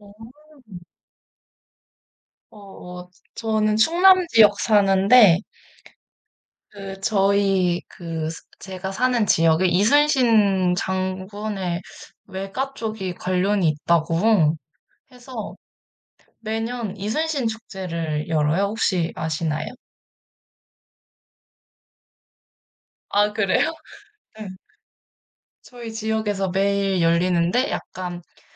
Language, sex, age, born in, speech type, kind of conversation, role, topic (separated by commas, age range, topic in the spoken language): Korean, female, 20-24, South Korea, conversation, unstructured, none, 우리 동네에서 가장 기억에 남는 축제는 무엇인가요?